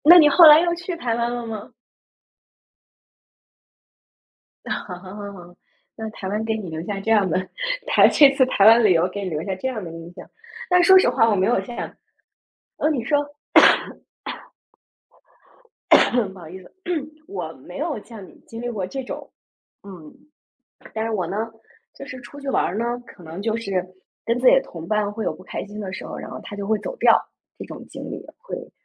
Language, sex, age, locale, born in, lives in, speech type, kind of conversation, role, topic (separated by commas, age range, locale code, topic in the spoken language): Chinese, female, 40-44, zh-CN, China, United States, conversation, unstructured, none, 你觉得旅行中最让人失望的经历是什么？